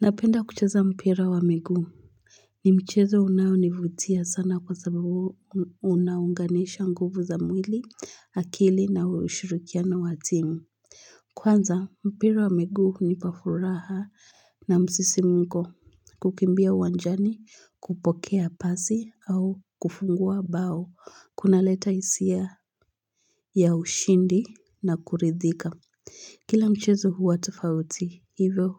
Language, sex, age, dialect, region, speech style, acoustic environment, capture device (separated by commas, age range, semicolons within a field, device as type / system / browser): Swahili, female, 18-24, Kenya - Nairobi Sheng-influenced, Kenya, excited; focused; relaxed, silence, mobile / Linux / Chrome